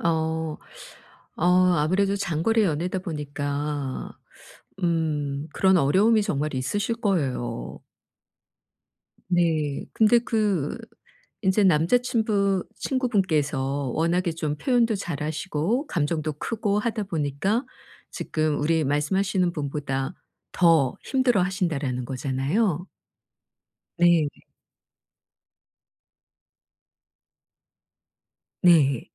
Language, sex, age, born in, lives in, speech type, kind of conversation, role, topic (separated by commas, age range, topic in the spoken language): Korean, female, 55-59, South Korea, South Korea, conversation, advice, advisor, 어려운 시기에 파트너를 감정적으로 어떻게 지지할 수 있을까요?